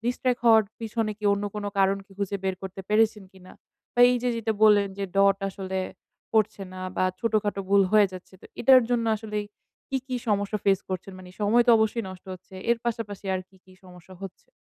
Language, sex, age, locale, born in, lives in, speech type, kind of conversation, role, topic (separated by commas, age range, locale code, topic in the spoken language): Bengali, female, 20-24, bn-BD, Bangladesh, Bangladesh, conversation, advice, advisor, ছোট ভুল করলে কীভাবে আবার চেষ্টা করে এগিয়ে যেতে পারি?